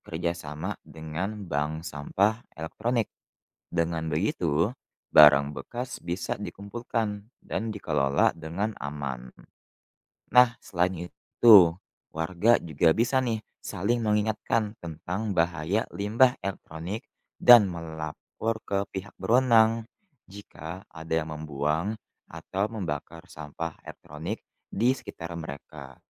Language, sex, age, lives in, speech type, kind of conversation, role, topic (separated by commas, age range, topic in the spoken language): Indonesian, male, 20-24, Indonesia, read, expert-assistant, expert, Apa dampak sampah elektronik terhadap lingkungan?